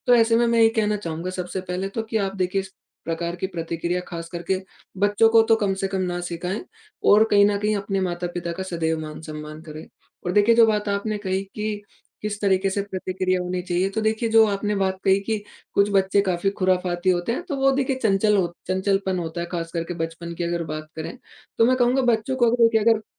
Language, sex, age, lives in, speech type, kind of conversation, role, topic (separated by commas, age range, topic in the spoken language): Hindi, male, 20-24, India, conversation, podcast, guest, बच्चों को संस्कार सिखाने के रोज़ाना कौन-से तरीके सबसे असरदार हैं?